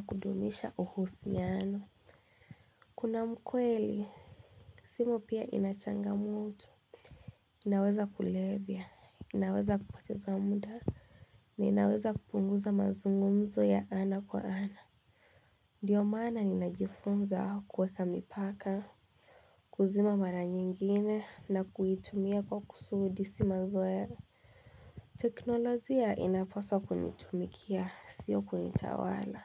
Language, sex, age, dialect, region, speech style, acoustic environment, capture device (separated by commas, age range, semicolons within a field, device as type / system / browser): Swahili, female, 18-24, Kenya - Mombasa, Kenya, tired, silence, mobile / Linux / Chrome